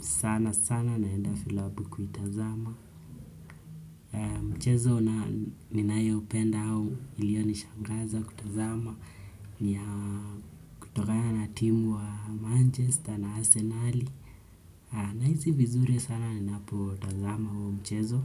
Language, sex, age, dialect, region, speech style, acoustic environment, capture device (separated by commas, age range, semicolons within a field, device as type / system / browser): Swahili, male, 25-34, Kenya - Nairobi Sheng-influenced, Kenya, focused, nature, desktop / Windows / Chrome